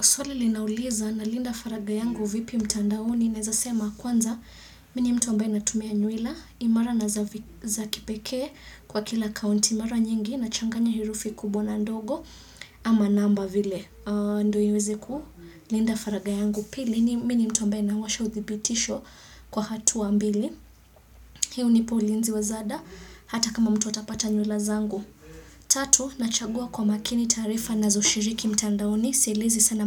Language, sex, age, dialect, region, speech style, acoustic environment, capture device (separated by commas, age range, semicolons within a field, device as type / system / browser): Swahili, female, 25-34, Kenya - Mombasa, Kenya, excited; focused; happy, silence, desktop / Windows / Chrome